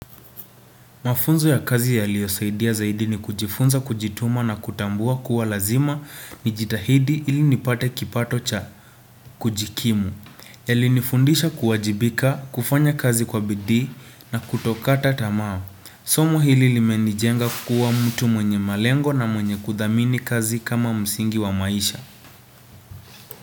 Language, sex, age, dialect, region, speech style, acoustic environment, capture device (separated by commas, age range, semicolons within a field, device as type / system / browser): Swahili, male, 18-24, Kenya - Nairobi Sheng-influenced, Kenya, relaxed; focused, nature; silence, desktop / Windows / Chrome